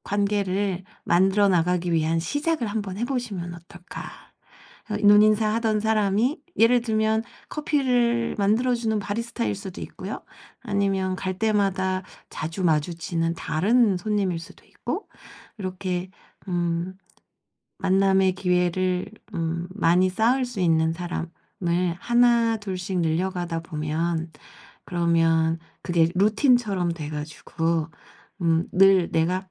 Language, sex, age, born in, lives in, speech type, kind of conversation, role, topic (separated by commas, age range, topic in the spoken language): Korean, female, 45-49, South Korea, South Korea, conversation, advice, advisor, 새로운 도시에서 외로움을 극복하고 사회적 관계를 어떻게 만들 수 있나요?